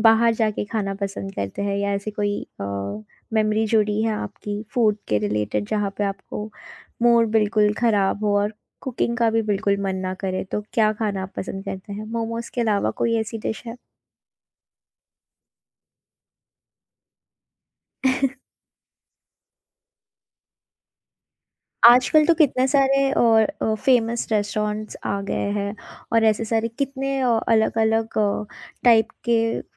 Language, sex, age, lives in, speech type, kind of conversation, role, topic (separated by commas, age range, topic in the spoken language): Hindi, female, 30-34, India, conversation, podcast, host, Comfort food se tumhara jazbaati rishta kaisa hai?